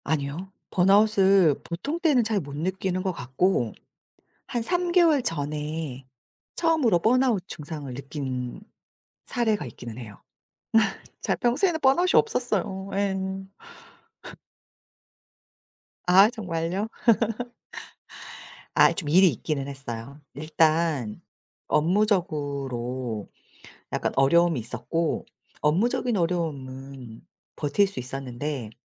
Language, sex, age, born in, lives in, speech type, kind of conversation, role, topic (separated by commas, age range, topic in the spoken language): Korean, female, 40-44, South Korea, South Korea, conversation, podcast, guest, 번아웃을 느낄 때 보통 어떻게 대처하시나요?